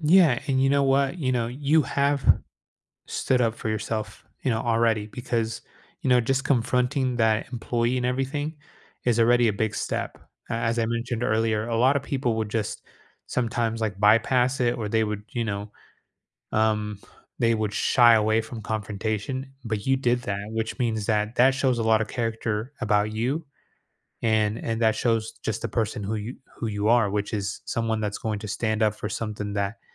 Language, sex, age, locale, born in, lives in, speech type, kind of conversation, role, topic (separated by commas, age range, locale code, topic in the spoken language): English, male, 30-34, en-US, United States, United States, conversation, advice, advisor, How can I stop coworkers from taking credit for my work?